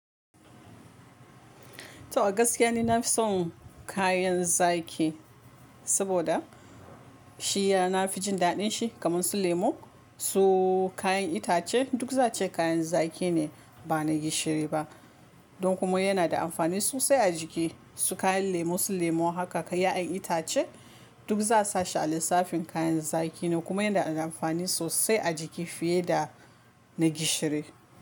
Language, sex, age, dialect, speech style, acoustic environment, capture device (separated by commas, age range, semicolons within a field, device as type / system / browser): Hausa, female, 25-34, Nigeria - Kano, relaxed, silence, mobile / Linux / Chrome